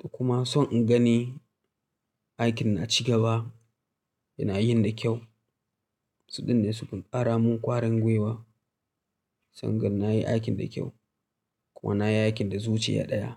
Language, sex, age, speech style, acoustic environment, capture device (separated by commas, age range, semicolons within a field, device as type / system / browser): Hausa, male, 25-34, tired; relaxed, silence, mobile / Linux / Chrome